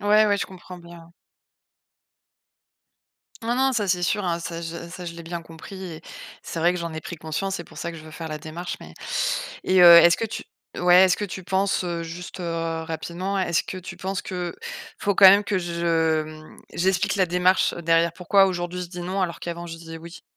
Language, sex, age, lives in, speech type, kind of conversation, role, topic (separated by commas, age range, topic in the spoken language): French, female, 35-39, France, conversation, advice, user, Comment puis-je apprendre à dire non aux demandes des autres sans culpabiliser ?